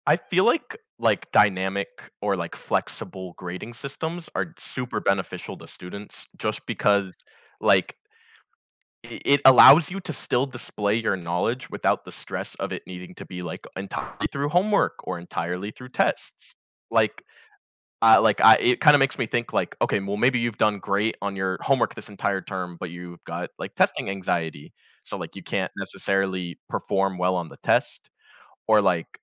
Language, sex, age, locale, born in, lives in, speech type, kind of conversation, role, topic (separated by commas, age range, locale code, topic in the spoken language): English, male, 20-24, en-US, United States, United States, conversation, unstructured, none, Do tests truly reflect a student's abilities and potential?